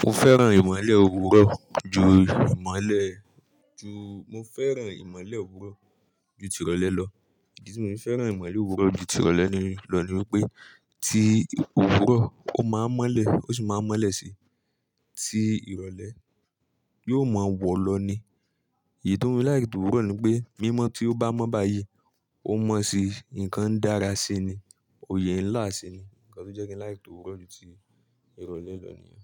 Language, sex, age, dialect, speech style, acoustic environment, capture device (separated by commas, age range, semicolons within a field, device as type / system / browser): Yoruba, male, 25-34, Nigeria - Nigerian Standard, focused; relaxed, silence, mobile / Linux / Chrome